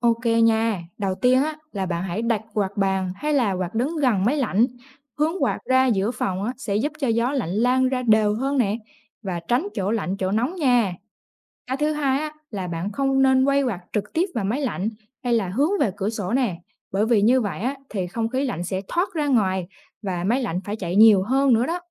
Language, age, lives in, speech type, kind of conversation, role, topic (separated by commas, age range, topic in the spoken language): Vietnamese, 20-24, Vietnam, read, expert-assistant, expert, Vì sao khi bật quạt, ta cảm thấy mát hơn dù nhiệt độ không thay đổi?